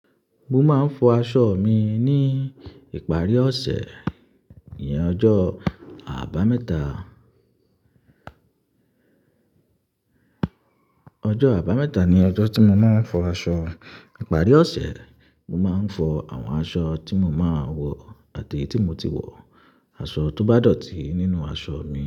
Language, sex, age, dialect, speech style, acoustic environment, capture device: Yoruba, male, 25-34, Nigeria - Ibadan, happy; relaxed; excited; focused, silence, mobile / Linux / Chrome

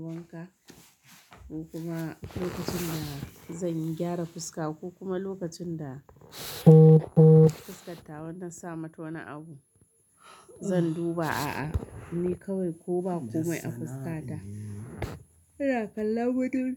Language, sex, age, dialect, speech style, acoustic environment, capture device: Hausa, female, 35-44, Nigeria - Kano, happy, silence, mobile / Linux / Chrome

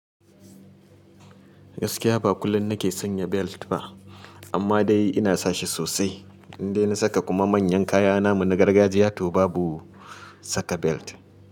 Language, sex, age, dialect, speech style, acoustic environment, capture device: Hausa, male, 35-44, Nigeria - Kano, happy; excited, appliances, mobile / Linux / Chrome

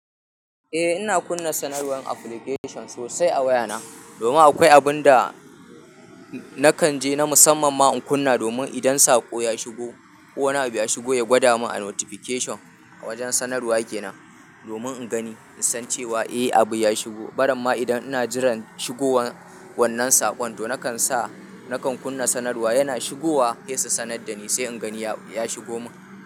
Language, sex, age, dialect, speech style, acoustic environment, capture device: Hausa, male, 25-34, Nigeria - Kano, happy; anxious; nervous; excited; focused; tired; stressed; relaxed, people talking; music; nature; silence; appliances; construction; traffic, mobile / Linux / Chrome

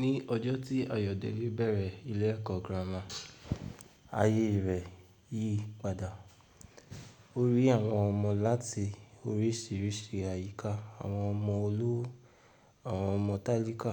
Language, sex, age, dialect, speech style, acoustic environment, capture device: Yoruba, male, 18-24, Nigeria - Ibadan, tired, nature, mobile / Linux / Chrome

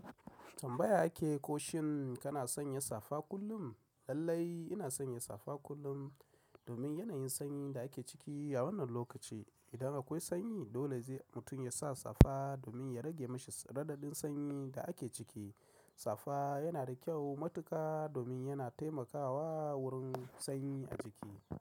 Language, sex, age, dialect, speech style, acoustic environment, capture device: Hausa, male, 35-44, Nigeria - Kano, happy; excited, silence, mobile / macOS / Safari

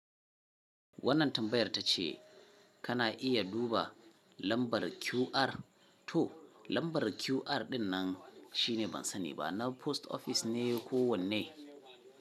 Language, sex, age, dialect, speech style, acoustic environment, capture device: Hausa, male, 35-44, Nigeria - Kano, tired, people talking; music, mobile / Linux / Chrome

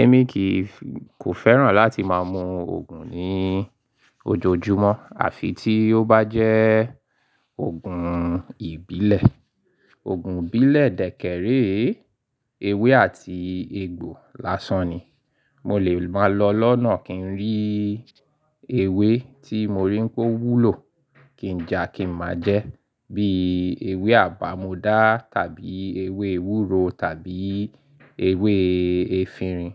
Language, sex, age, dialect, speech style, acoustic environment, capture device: Yoruba, male, 35-44, Nigeria - Lagos Yoruba, happy; excited; focused, construction; silence; appliances, mobile / Linux / Chrome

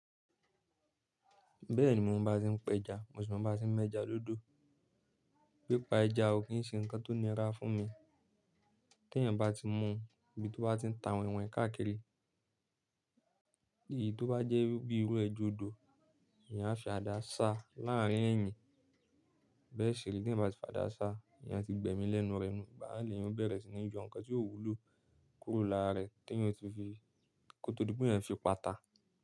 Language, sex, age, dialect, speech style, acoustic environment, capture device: Yoruba, male, 25-34, Nigeria - Nigerian Standard, relaxed; focused, silence, mobile / Linux / Chrome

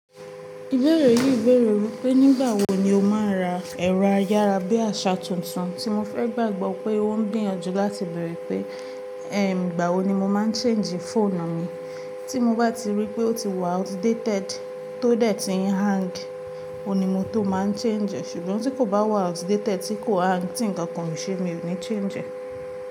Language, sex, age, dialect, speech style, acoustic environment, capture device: Yoruba, female, 18-24, Nigeria - Ibadan, focused; relaxed, appliances, mobile / Linux / Chrome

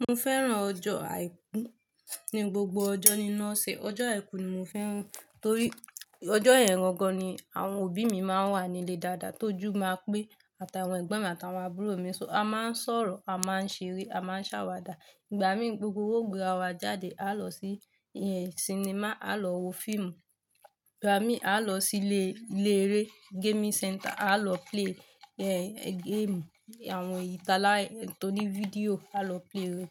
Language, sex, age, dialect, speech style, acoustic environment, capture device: Yoruba, female, 25-34, Nigeria - Lagos Yoruba, relaxed, silence, mobile / Linux / Chrome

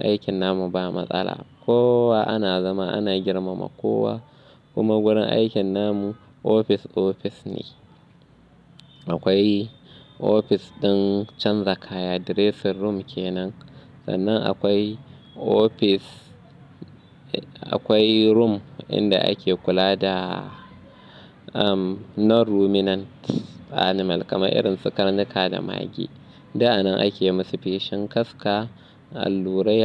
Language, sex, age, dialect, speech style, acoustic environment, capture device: Hausa, male, 25-34, Nigeria - Kano, anxious, nature, mobile / Linux / Chrome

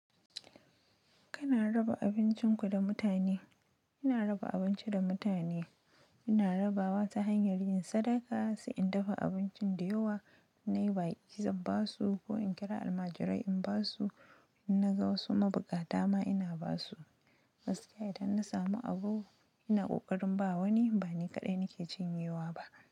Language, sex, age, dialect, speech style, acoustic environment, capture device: Hausa, female, 25-34, Nigeria - Nigerian Standard, happy; excited, silence, mobile / Linux / Chrome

